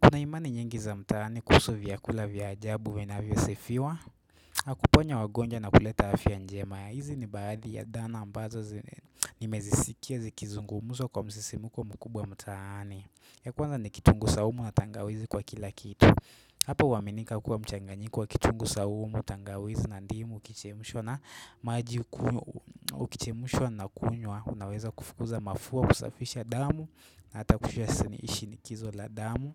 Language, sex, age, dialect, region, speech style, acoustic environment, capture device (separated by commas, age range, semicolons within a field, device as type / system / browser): Swahili, male, 18-24, Kenya - Nairobi Sheng-influenced, Kenya, relaxed; focused; nervous, silence; nature, desktop / Windows / Chrome